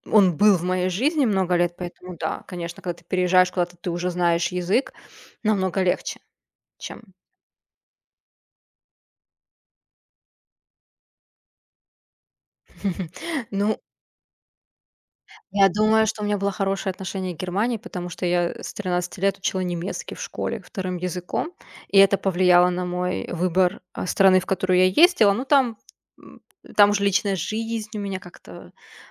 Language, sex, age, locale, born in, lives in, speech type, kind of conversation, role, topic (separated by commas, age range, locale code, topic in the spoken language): Russian, female, 30-34, ru-RU, Russia, Germany, conversation, unstructured, none, Какие советы ты бы дал по подготовке к экзаменам?